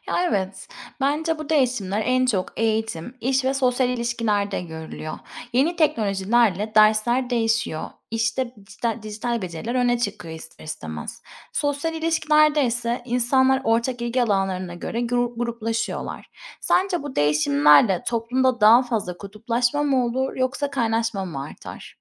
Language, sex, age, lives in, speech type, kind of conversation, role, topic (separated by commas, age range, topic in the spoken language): Turkish, female, 30-34, Spain, read, expert-assistant, asker, Eğer herkes eşit maaş alsaydı, toplumda sosyal eşitsizlik nasıl bir değişim geçirirdi?